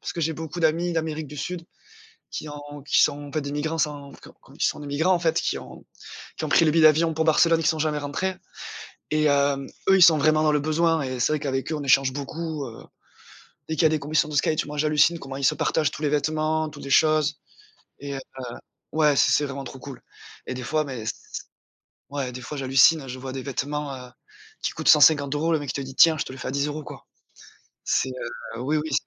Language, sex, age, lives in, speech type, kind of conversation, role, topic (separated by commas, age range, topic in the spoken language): French, male, 25-29, France, conversation, podcast, guest, Comment ton style raconte-t-il une partie de ton histoire personnelle ?